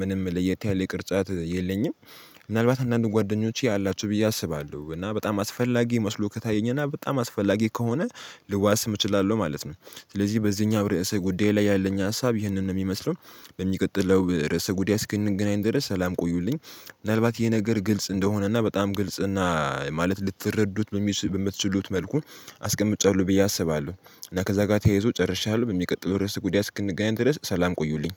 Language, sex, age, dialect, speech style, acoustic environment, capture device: Amharic, male, 18-24, Ethiopia - Addis Ababa, relaxed; excited, silence, mobile / Linux / Chrome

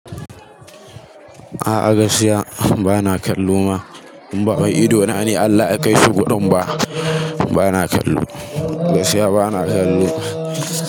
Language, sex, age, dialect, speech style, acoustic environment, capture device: Hausa, male, 18-24, Nigeria - Kano, relaxed, people talking, mobile / Linux / Chrome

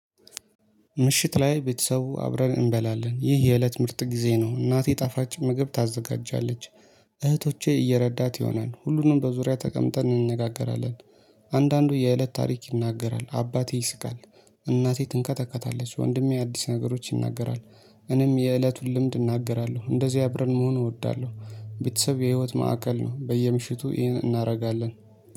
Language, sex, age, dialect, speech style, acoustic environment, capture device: Amharic, male, 25-34, Ethiopia - Addis Ababa, happy, people talking, mobile / Linux / Chrome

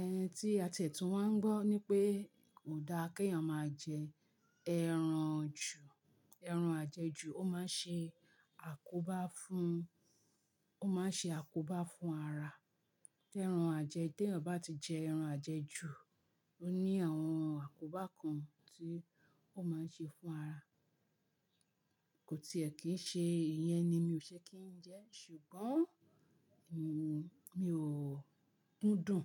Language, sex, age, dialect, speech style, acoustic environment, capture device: Yoruba, female, 45-54, Nigeria - Ibadan, relaxed, nature; silence, mobile / Linux / Chrome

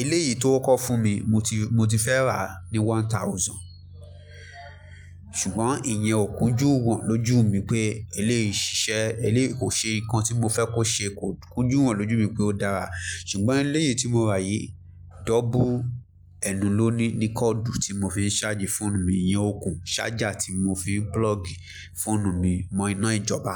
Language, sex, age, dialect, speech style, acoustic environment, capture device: Yoruba, male, 25-34, Nigeria - Lagos Yoruba, focused, music; people talking, mobile / Linux / Chrome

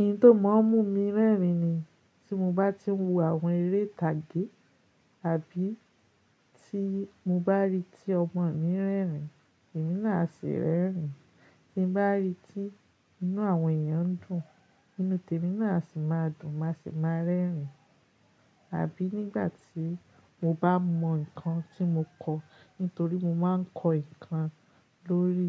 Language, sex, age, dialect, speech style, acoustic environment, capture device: Yoruba, female, 35-44, Nigeria - Lagos Yoruba, happy, silence, mobile / Linux / Chrome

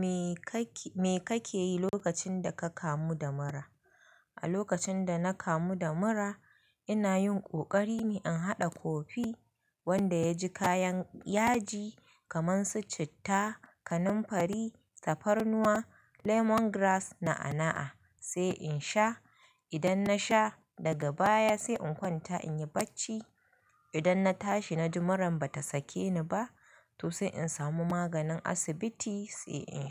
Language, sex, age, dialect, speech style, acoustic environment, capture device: Hausa, female, 25-34, Nigeria - Kano, happy; excited, people talking, mobile / Linux / Chrome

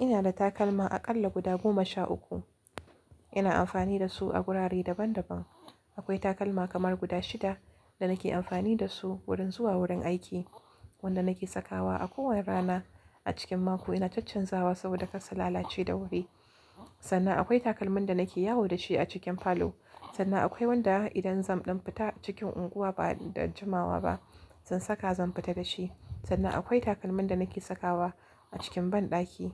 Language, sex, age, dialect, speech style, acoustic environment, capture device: Hausa, female, 18-24, Nigeria - Kano, relaxed, silence; nature, mobile / Linux / Chrome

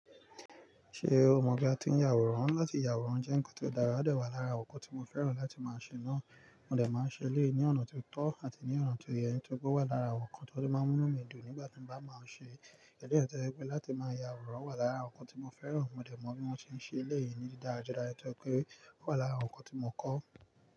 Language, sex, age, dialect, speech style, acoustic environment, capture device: Yoruba, male, 25-34, Nigeria - Nigerian Standard, focused, nature, mobile / Linux / Chrome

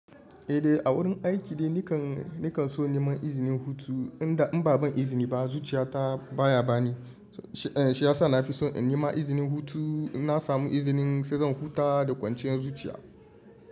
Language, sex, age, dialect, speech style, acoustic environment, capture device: Hausa, male, 25-34, Nigeria - Kano, relaxed, people talking, mobile / Linux / Chrome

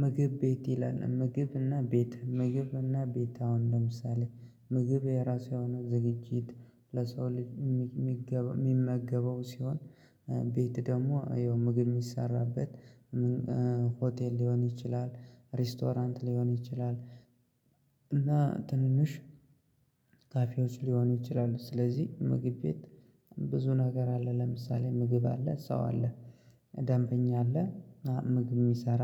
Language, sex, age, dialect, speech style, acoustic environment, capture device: Amharic, male, 25-34, Ethiopia - Gojjam, happy, silence, mobile / Linux / Chrome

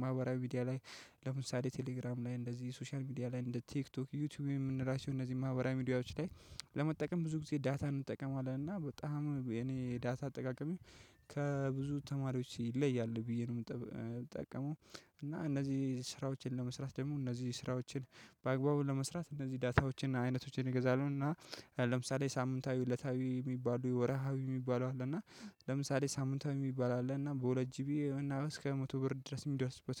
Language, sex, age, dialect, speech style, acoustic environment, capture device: Amharic, male, 25-34, Ethiopia - Addis Ababa, relaxed, nature, mobile / Linux / Chrome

